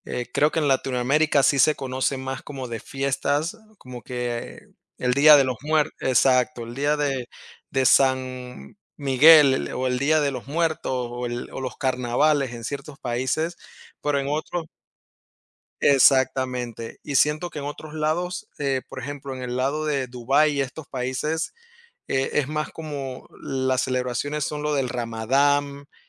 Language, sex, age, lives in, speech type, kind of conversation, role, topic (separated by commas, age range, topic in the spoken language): Spanish, male, 30-34, United States, conversation, unstructured, none, ¿Cómo celebran las distintas culturas sus fiestas más importantes?